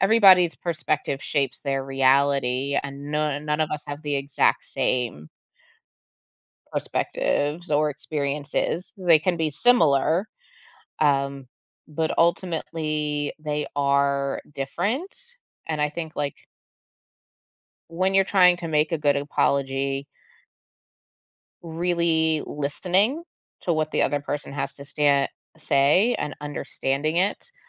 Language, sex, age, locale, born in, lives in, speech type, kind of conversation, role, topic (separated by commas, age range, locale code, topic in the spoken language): English, female, 35-39, en-US, United States, United States, conversation, podcast, host, Why is it important to give a sincere and thoughtful apology?